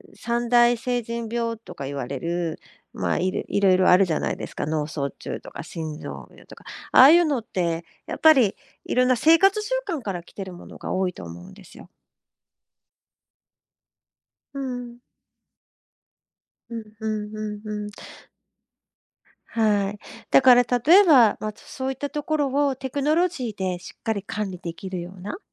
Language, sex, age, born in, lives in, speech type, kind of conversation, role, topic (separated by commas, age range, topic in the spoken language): Japanese, female, 50-54, Japan, Japan, conversation, podcast, guest, これから健康管理はテクノロジーとどのように結びついていくと思いますか？